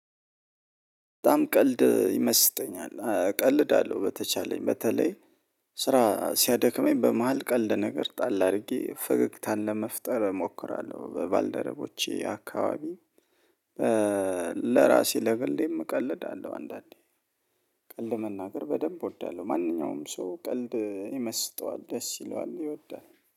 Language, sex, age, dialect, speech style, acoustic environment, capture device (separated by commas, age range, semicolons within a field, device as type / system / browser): Amharic, male, 35-44, Ethiopia - Addis Ababa, happy, silence, mobile / Linux / Chrome